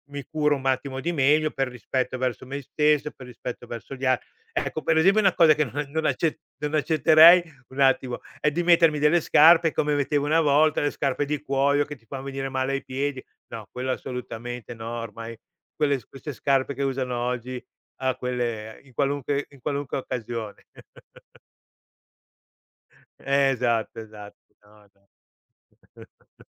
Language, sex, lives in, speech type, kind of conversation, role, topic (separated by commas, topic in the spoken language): Italian, male, Italy, conversation, podcast, guest, Come costruisci la tua immagine quando vuoi fare buona figura?